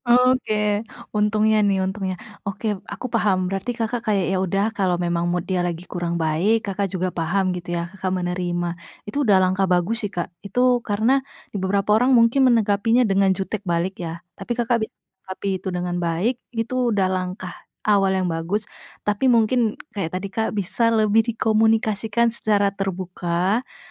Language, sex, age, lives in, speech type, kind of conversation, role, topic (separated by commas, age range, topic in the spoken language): Indonesian, female, 25-29, Indonesia, conversation, advice, advisor, Bagaimana pengalaman Anda menghadapi komunikasi pasif-agresif dari rekan kerja atau anggota keluarga?